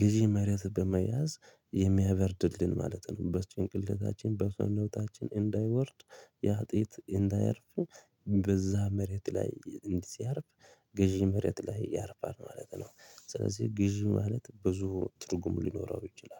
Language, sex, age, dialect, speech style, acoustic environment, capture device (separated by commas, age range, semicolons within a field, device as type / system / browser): Amharic, male, 25-34, Ethiopia - Addis Ababa, happy, people talking; traffic; nature, mobile / Linux / Chrome